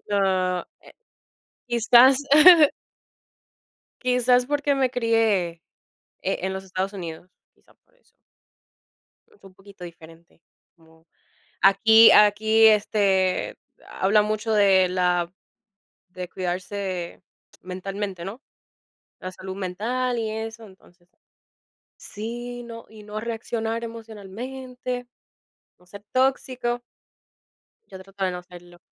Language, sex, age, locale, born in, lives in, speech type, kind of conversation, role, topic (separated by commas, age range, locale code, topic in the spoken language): Spanish, female, 25-29, es-DO, United States, United States, conversation, unstructured, none, ¿Qué haces si sientes que tu pareja te está mintiendo?